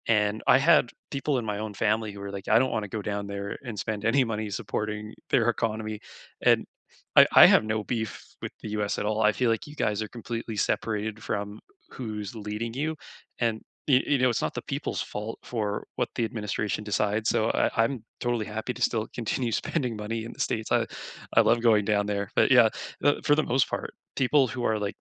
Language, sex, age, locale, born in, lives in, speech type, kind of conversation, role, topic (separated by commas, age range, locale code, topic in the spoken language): English, male, 25-29, en-CA, Canada, Canada, conversation, unstructured, none, What is one new thing you learned this week?